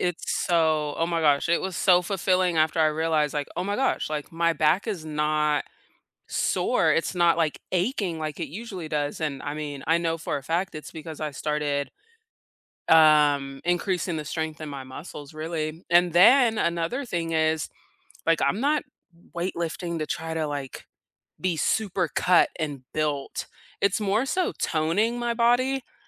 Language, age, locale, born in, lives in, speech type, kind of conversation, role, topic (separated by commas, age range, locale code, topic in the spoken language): English, 30-34, en-US, South Korea, United States, conversation, unstructured, none, What kind of environment makes exercising most enjoyable for you, and how could you share that experience with someone else?